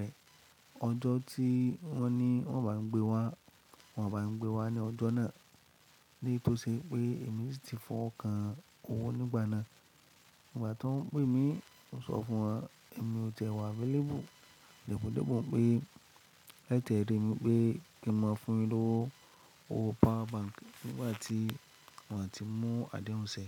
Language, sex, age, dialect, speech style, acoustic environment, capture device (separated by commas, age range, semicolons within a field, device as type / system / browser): Yoruba, male, 25-34, Nigeria - Lagos Yoruba, happy; excited, silence, mobile / Linux / Chrome